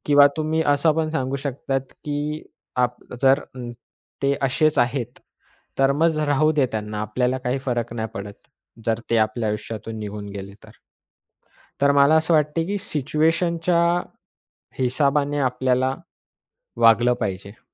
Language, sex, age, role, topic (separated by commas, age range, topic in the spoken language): Marathi, male, 20-24, guest, मित्रांशी विश्वास कसा निर्माण करायचा आणि त्यासाठी तुमचे कोणते सल्ले आहेत?